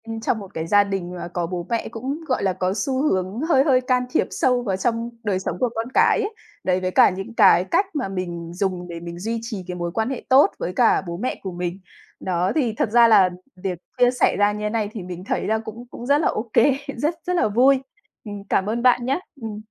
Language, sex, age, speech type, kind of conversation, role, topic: Vietnamese, female, 30-34, conversation, podcast, guest, Bạn xử lý thế nào khi bố mẹ can thiệp quá sâu vào cuộc sống của bạn?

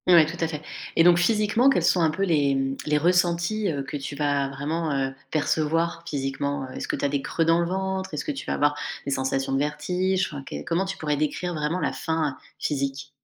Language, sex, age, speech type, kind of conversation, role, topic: French, female, 35-39, conversation, podcast, host, Comment distingues-tu la faim physique d’une envie de grignoter ?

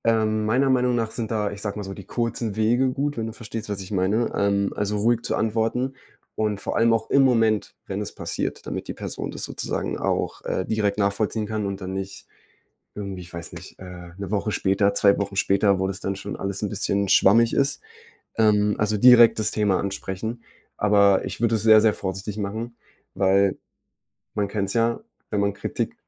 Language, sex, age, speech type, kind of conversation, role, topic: German, male, 20-24, conversation, advice, advisor, Wie kann ich damit umgehen, dass mich die direkte Rückmeldung meines Freundes verletzt?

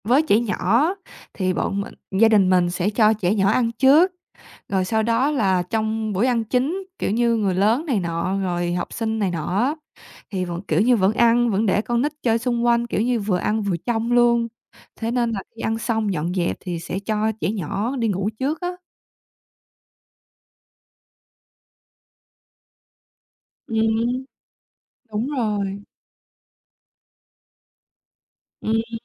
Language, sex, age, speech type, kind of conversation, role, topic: Vietnamese, female, 20-24, conversation, podcast, guest, Buổi tối, gia đình bạn thường làm gì cùng nhau?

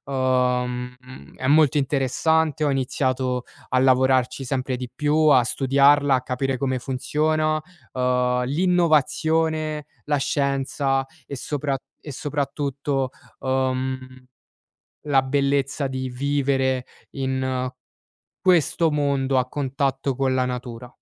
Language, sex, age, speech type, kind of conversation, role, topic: Italian, male, 20-24, conversation, podcast, guest, Hai mai dovuto scegliere tra sicurezza e felicità?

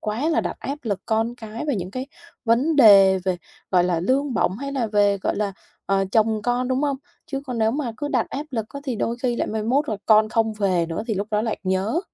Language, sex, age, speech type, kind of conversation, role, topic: Vietnamese, female, 25-29, conversation, advice, user, Làm sao để giảm áp lực khi kỳ nghỉ đến gần?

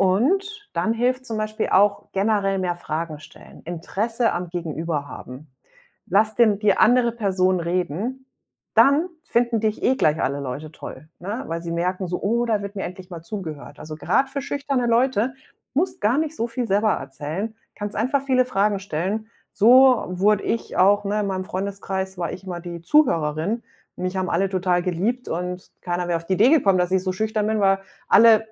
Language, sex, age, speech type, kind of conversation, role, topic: German, female, 40-44, conversation, podcast, guest, Wie knüpfst du am besten neue Kontakte?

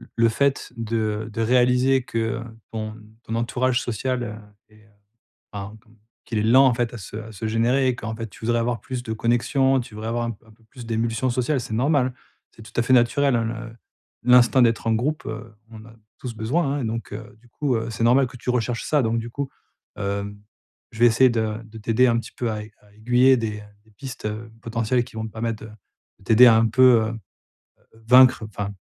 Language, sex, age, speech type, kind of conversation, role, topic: French, male, 40-44, conversation, advice, advisor, Comment puis-je surmonter ma timidité pour m’intégrer socialement et me faire des amis ?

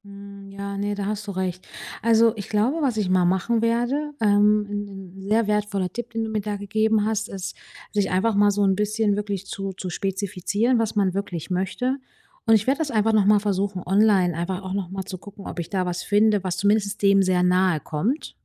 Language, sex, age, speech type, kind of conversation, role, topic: German, female, 35-39, conversation, advice, user, Was kann ich tun, wenn mich die große Auswahl beim Kleidungskauf überfordert?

